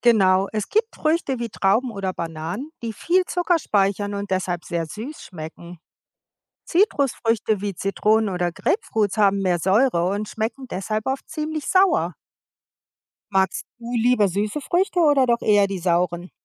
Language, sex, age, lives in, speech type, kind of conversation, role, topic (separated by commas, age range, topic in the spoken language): German, female, 55-59, United States, read, expert-assistant, expert, Warum sind manche Früchte süß und andere sauer?